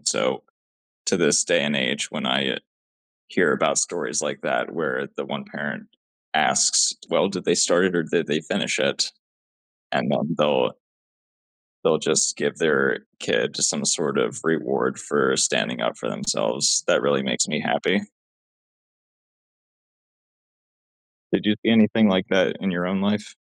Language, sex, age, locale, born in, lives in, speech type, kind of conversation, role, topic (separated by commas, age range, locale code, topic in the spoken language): English, male, 30-34, en-US, United States, United States, conversation, unstructured, none, How can schools and communities work together to prevent bullying?